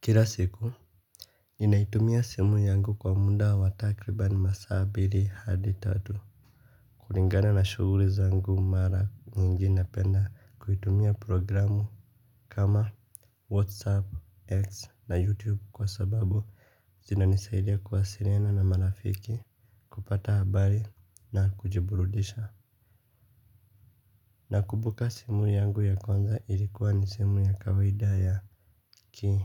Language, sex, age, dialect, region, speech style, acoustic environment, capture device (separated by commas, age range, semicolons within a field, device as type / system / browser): Swahili, male, 25-34, Kenya - Nairobi Sheng-influenced, Kenya, happy; relaxed, silence, mobile / Linux / Chrome